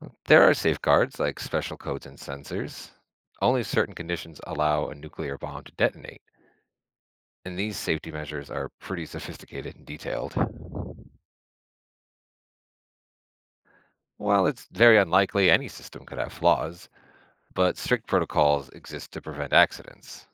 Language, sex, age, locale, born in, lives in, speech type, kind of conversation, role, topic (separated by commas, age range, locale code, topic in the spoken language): English, male, 35-39, en-US, United States, United States, read, expert-assistant, expert, How does a nuclear bomb release so much energy?